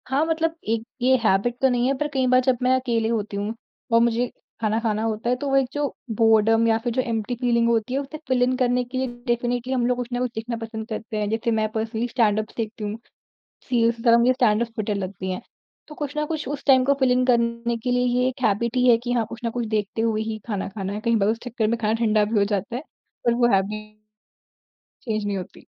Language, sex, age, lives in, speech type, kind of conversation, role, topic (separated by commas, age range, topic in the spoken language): Hindi, female, 40-44, India, conversation, podcast, guest, Streaming platforms ne tumhari dekhne ki aadat kitni badli hai, aur tumne kya-kya badlav notice kiya hai?